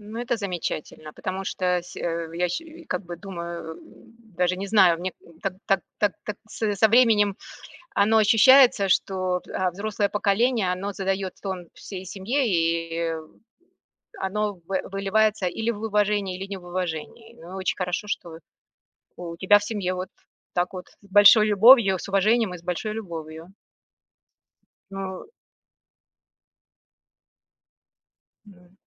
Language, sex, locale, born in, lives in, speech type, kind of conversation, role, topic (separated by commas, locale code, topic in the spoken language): Russian, female, ru-RU, Russia, United States, conversation, podcast, host, Как вы выражаете уважение к старшим в вашей семье?